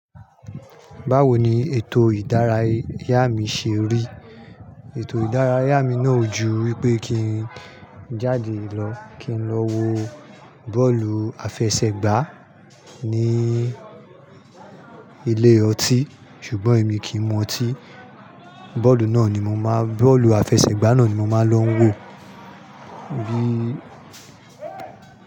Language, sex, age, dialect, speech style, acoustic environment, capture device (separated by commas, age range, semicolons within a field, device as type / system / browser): Yoruba, male, 35-44, Nigeria - Nigerian Standard, happy; anxious; nervous; excited; relaxed, people talking; construction; nature, mobile / Linux / Chrome